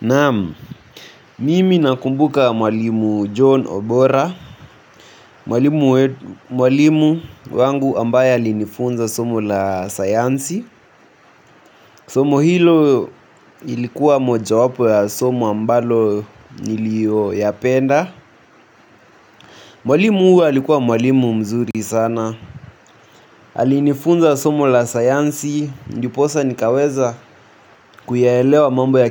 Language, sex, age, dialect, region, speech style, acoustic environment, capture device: Swahili, male, 18-24, Kenya - Nairobi Sheng-influenced, Kenya, anxious, music; nature, mobile / Linux / Chrome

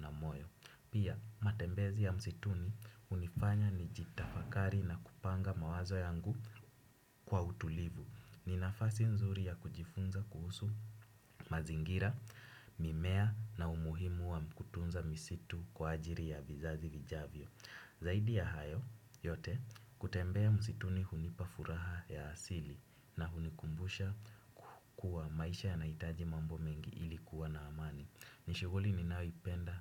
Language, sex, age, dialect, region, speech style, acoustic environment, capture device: Swahili, male, 18-24, Kenya - Nairobi Sheng-influenced, Kenya, happy, nature, desktop / Windows / Chrome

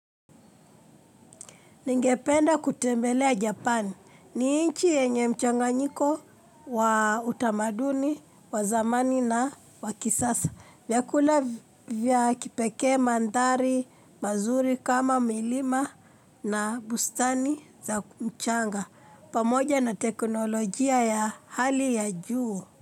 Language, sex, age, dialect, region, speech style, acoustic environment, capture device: Swahili, female, 25-34, Kenya - Nairobi Sheng-influenced, Kenya, happy; excited; focused; relaxed, people talking, mobile / Linux / Chrome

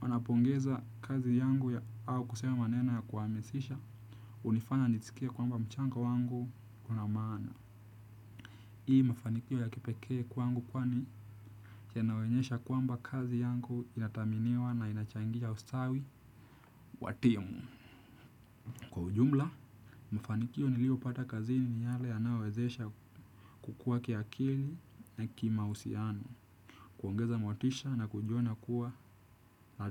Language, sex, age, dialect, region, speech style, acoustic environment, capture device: Swahili, male, 18-24, Kenya - Nairobi Sheng-influenced, Kenya, relaxed; focused, people talking; music; silence; nature, desktop / Windows / Chrome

